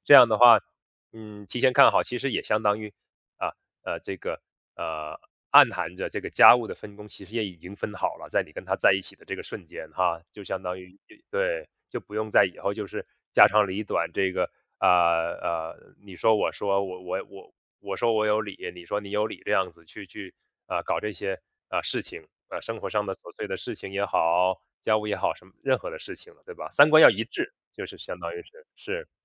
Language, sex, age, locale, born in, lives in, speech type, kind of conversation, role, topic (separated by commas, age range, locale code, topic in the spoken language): Chinese, male, 30-34, zh-CN, China, United States, conversation, podcast, host, 家务在你们家怎么分工？